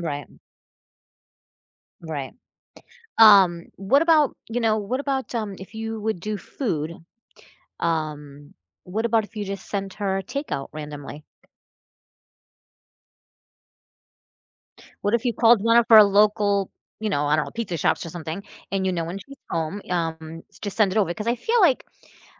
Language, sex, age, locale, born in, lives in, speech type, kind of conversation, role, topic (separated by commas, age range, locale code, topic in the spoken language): English, female, 45-49, en-US, United States, United States, conversation, advice, advisor, How can I support a friend who is going through a tough time without overstepping?